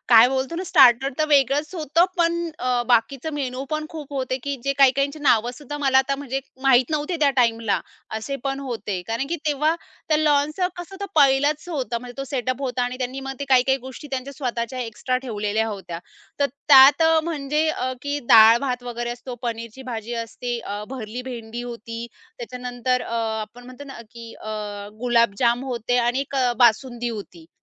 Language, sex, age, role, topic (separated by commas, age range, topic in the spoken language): Marathi, female, 30-34, guest, लग्नाचा दिवस किंवा सोहळा कसा आठवतो तुम्हाला?